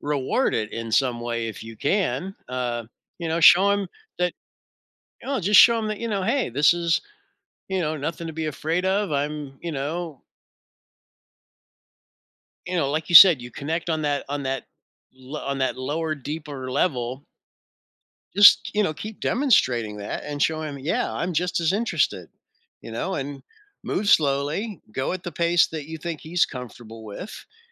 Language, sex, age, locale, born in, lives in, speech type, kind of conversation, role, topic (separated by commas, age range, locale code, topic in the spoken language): English, male, 60-64, en-US, United States, United States, conversation, advice, advisor, How can I show my appreciation for my partner's thoughtful gesture in a meaningful way?